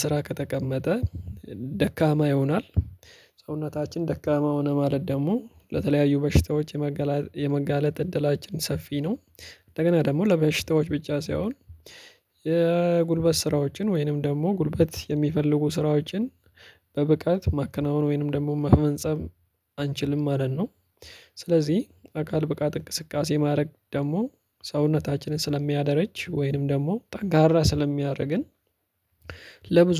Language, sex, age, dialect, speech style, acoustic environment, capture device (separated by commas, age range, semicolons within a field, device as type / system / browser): Amharic, male, 25-34, Ethiopia - Addis Ababa, focused, silence, mobile / Linux / Chrome